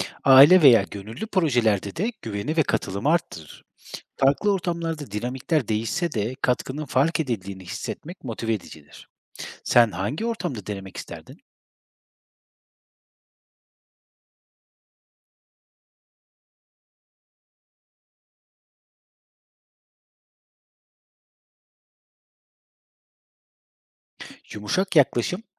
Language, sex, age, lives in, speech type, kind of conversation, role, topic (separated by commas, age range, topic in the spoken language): Turkish, male, 30-34, Germany, read, expert-assistant, expert, Duygusal zekanın ana bileşenleri nelerdir ve bu kavramlar gündelik yaşamda nasıl ortaya çıkar?